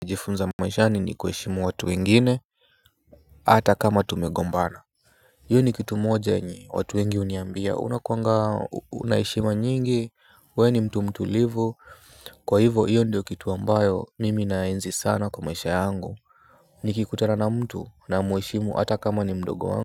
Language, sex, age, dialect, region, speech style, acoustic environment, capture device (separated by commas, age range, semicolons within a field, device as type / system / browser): Swahili, male, 25-34, Kenya - Nairobi Sheng-influenced, Kenya, relaxed, silence, mobile / Linux / Chrome